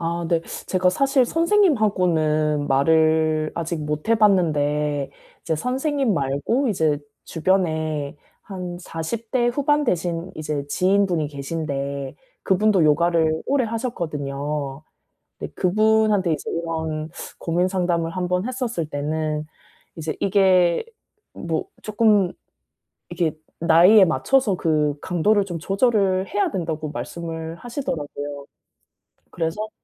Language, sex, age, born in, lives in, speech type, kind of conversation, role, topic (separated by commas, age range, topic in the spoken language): Korean, female, 35-39, South Korea, South Korea, conversation, advice, user, 신체 기능 변화에 정서적으로 어떻게 적응해야 하나요?